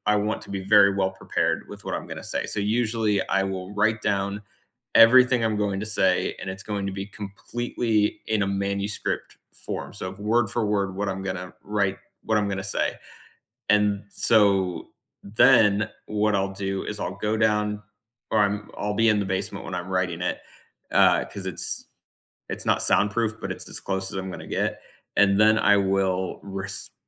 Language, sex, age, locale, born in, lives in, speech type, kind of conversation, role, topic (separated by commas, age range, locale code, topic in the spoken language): English, male, 35-39, en-US, United States, United States, conversation, unstructured, none, What kind of music, playlists, and study spaces help you stay focused?